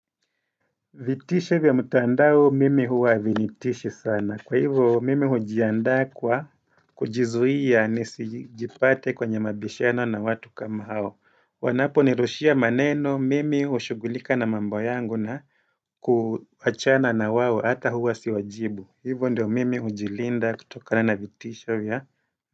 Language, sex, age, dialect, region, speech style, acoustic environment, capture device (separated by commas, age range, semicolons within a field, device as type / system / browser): Swahili, male, 35-44, Kenya - Mombasa, Kenya, happy; relaxed; focused, silence; nature, desktop / Windows / Edge